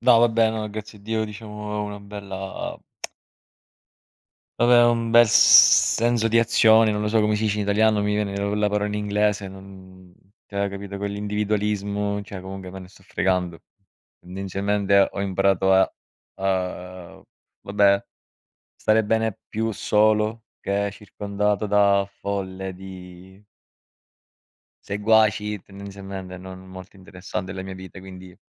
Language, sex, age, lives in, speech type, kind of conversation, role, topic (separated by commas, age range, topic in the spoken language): Italian, male, 30-34, Italy, conversation, unstructured, none, Che cosa faresti se qualcuno cercasse di sabotare i tuoi progetti?